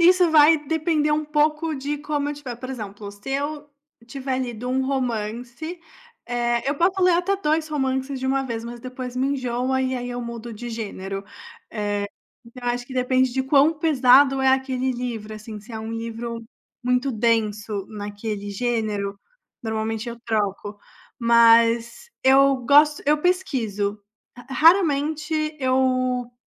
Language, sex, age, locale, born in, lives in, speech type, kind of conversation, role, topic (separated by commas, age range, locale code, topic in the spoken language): Portuguese, female, 25-29, pt-BR, Brazil, Italy, conversation, podcast, guest, Como você escolhe um livro para ler?